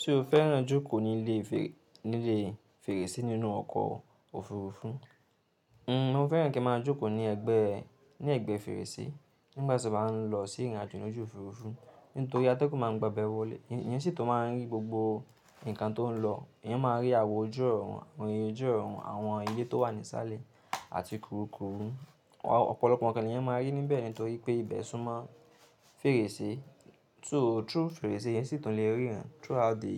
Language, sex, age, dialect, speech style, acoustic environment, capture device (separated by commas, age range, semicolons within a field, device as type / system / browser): Yoruba, male, 18-24, Nigeria - Nigerian Standard, happy, silence, mobile / Linux / Chrome